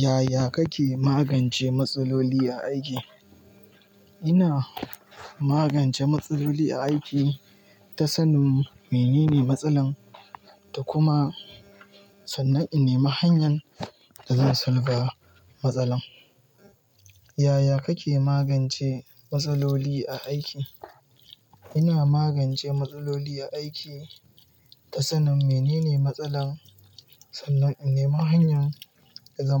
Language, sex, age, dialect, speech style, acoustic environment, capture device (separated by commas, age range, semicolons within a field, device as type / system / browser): Hausa, male, 18-24, Nigeria - Kano, relaxed, nature, mobile / Linux / Chrome